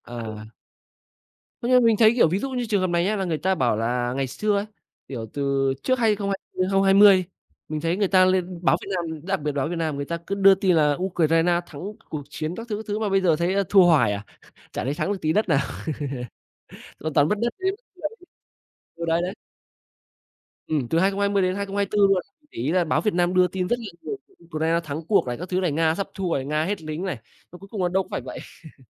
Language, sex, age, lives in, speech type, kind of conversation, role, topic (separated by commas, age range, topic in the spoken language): Vietnamese, male, 25-29, Vietnam, conversation, unstructured, none, Tại sao bạn nghĩ nhiều người không tin vào tin tức trên mạng?